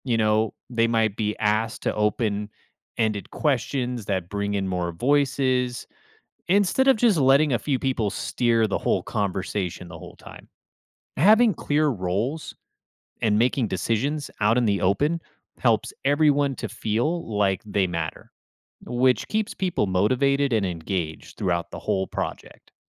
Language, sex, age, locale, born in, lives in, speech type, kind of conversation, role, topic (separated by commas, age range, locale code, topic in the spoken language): English, male, 35-39, en-US, United States, United States, read, expert-assistant, expert, Why do group projects often break down despite good intentions?